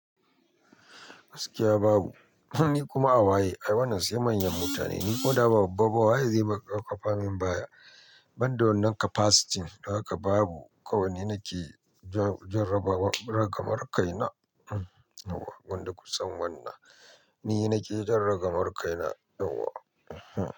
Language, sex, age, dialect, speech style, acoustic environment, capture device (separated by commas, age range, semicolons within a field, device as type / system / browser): Hausa, male, 25-34, Nigeria - Nigerian Standard, anxious, people talking, mobile / Linux / Chrome